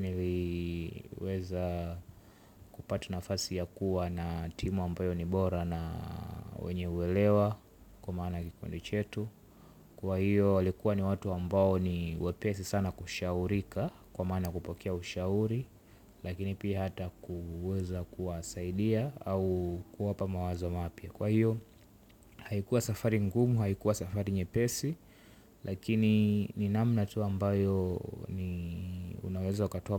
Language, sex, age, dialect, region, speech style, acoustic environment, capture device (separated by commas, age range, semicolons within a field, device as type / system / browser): Swahili, male, 18-24, Tanzania - Dar es Salaam, Tanzania, relaxed, silence, mobile / Linux / Chrome